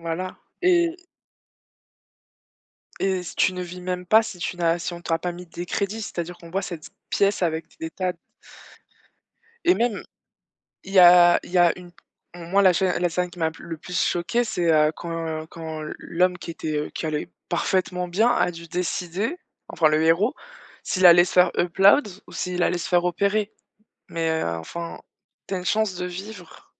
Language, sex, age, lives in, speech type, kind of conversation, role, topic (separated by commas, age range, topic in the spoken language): French, female, 25-29, France, conversation, unstructured, none, En quoi les films dystopiques reflètent-ils nos peurs sociopolitiques contemporaines ?